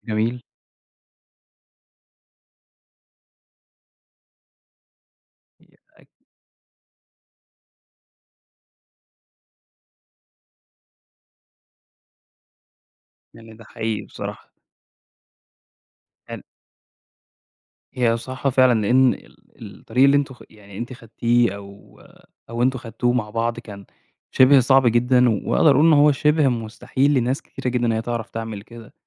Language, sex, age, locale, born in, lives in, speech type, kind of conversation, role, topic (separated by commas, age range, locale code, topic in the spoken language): Arabic, male, 25-29, ar-EG, Egypt, Egypt, conversation, podcast, host, لو قدامك طريق مضمون وطريق فيه مغامرة، هتختار أنهي واحد؟